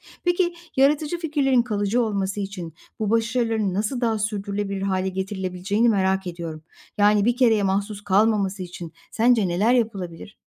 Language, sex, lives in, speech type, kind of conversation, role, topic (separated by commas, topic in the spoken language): Turkish, female, Poland, read, expert-assistant, asker, Ekiplerde başarı ve yaratıcılığı artırmak için hangi yöntemler etkili olabilir?